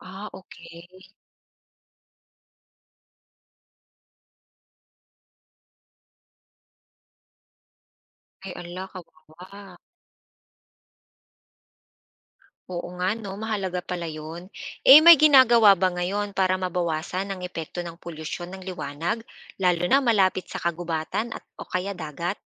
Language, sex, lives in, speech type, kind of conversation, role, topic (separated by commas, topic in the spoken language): Filipino, female, Philippines, read, expert-assistant, asker, Ano ang mga dahilan kung bakit may mga hayop sa dagat na nag-iilaw o bioluminescent?